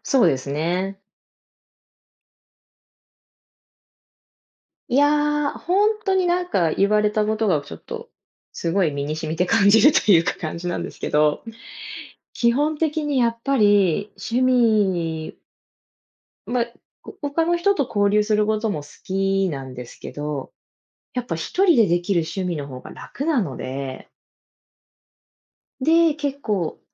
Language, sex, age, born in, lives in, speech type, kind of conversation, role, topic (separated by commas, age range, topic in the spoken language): Japanese, female, 30-34, Japan, United States, conversation, unstructured, none, 趣味を始めるとき、何を重視しますか？